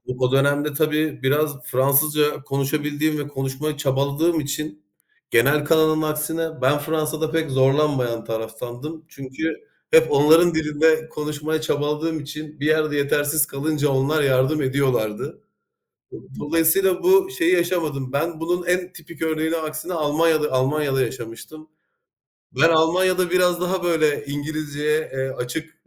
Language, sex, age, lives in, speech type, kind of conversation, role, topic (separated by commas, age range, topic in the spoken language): Turkish, male, 35-39, Germany, conversation, unstructured, none, Hangi seyahat deneyimi seni en çok hayal kırıklığına uğrattı?